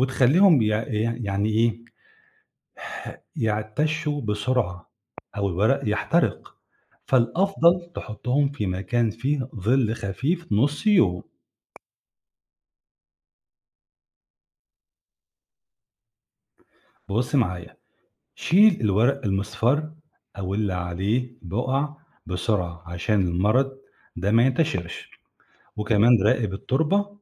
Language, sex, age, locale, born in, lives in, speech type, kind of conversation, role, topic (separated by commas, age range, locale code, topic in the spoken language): Arabic, male, 45-49, ar-EG, Egypt, Egypt, read, expert-assistant, expert, ليه النباتات اللي عندي في البلكونة بتدبل بسرعة وبتبطل تنمو؟ إيه الأسباب البيئية اللي ممكن تكون بتأثر عليها؟